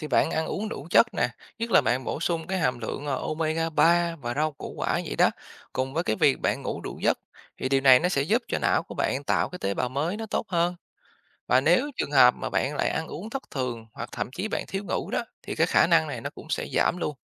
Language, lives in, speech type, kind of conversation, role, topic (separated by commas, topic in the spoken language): Vietnamese, Vietnam, read, expert-assistant, expert, Bạn từng nghe nói não người không thể tạo ra tế bào thần kinh mới sau tuổi trưởng thành, điều này còn đúng không ngày nay?